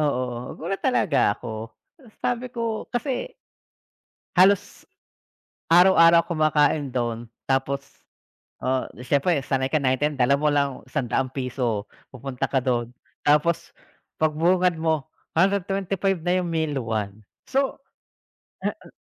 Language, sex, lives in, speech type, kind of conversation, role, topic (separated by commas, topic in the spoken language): Filipino, male, Philippines, conversation, unstructured, none, Ano ang reaksiyon mo kapag biglang tumaas ang presyo ng paborito mong pagkain?